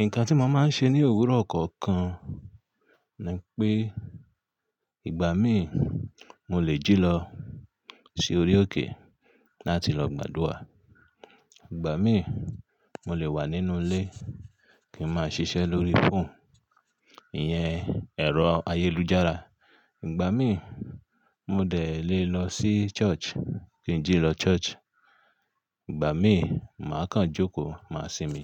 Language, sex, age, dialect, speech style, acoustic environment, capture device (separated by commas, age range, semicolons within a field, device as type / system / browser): Yoruba, male, 35-44, Nigeria - Ibadan, focused, nature, mobile / Linux / Chrome